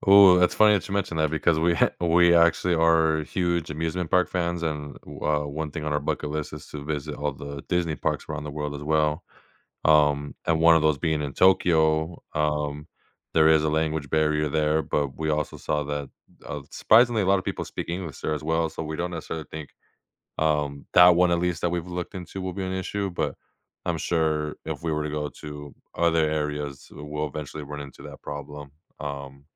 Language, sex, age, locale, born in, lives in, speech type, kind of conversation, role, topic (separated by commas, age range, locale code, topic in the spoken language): English, male, 25-29, en-US, United States, United States, conversation, advice, user, How can I plan my dream vacation to make the most of my time and budget?